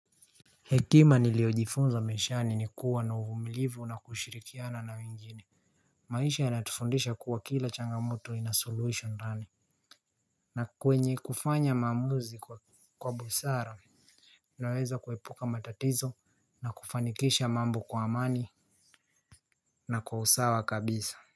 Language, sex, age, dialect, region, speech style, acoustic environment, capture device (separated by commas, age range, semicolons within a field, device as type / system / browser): Swahili, male, 18-24, Kenya - Nairobi Sheng-influenced, Kenya, happy, nature, mobile / Linux / Chrome